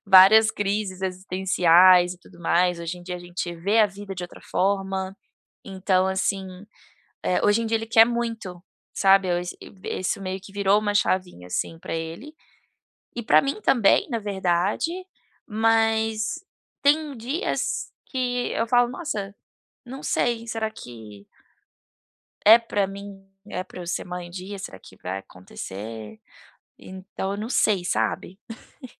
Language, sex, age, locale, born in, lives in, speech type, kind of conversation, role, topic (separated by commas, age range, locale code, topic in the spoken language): Portuguese, female, 30-34, pt-BR, Brazil, United States, conversation, advice, user, Como posso equilibrar minhas escolhas pessoais com normas culturais?